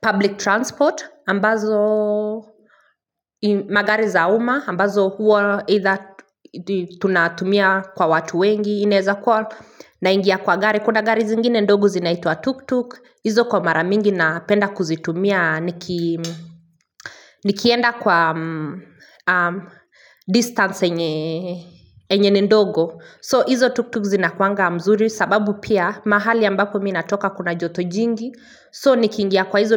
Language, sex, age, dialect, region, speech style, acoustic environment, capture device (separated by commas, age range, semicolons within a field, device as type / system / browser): Swahili, female, 25-34, Kenya - Nairobi Sheng-influenced, Kenya, happy; relaxed, people talking, mobile / Linux / Chrome